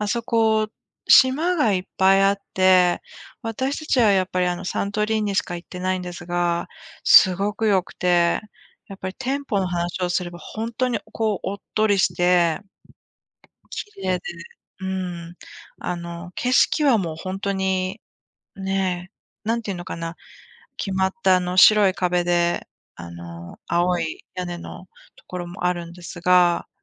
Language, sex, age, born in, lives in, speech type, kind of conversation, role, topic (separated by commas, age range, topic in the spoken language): Japanese, female, 45-49, Japan, United States, conversation, unstructured, none, 旅行に行くとき、何を一番楽しみにしていますか？